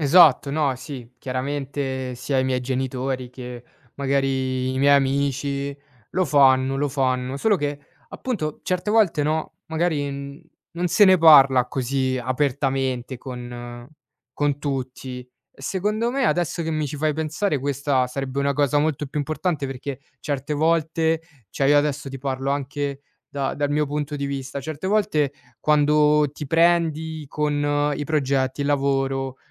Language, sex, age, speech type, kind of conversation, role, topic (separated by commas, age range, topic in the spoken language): Italian, male, 20-24, conversation, advice, user, Come posso gestire il perfezionismo che mi causa blocchi e stress?